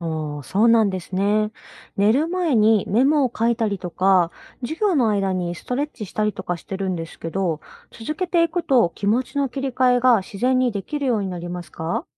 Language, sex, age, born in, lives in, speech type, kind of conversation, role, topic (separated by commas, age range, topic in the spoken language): Japanese, female, 30-34, Japan, Japan, read, expert-assistant, asker, 忙しい毎日を上手に過ごすにはどうすればいいですか？